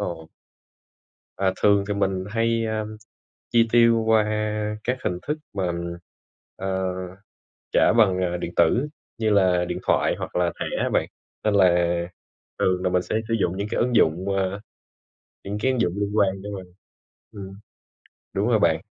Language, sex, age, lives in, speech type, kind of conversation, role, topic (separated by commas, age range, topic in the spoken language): Vietnamese, male, 25-29, Vietnam, conversation, unstructured, none, Làm thế nào để quản lý chi tiêu một cách hiệu quả?